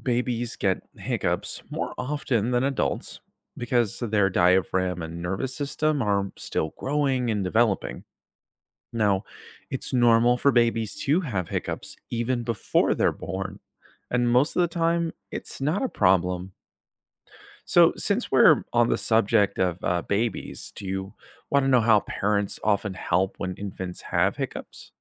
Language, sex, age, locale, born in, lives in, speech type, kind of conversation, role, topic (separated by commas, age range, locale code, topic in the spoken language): English, male, 25-29, en-US, United States, United States, read, expert-assistant, expert, Why do we get hiccups?